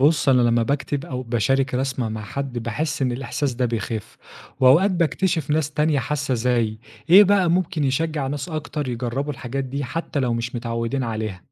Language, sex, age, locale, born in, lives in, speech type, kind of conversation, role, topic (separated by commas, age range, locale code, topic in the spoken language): Arabic, male, 25-29, ar-EG, Egypt, Egypt, read, expert-assistant, asker, إيه الجوانب اللي ساعات بنهملها في الوقاية الصحية، وممكن إزاي نوسع مفهومنا عن العناية الوقائية ليشمل الصحة النفسية والاجتماعية كمان؟